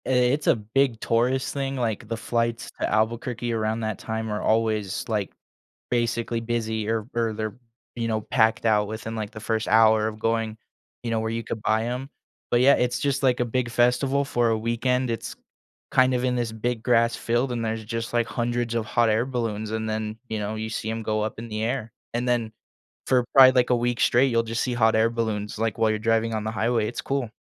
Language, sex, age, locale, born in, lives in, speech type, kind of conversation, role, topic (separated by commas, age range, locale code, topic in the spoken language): English, male, 20-24, en-US, United States, United States, conversation, unstructured, none, What kinds of news stories do you find most interesting or important?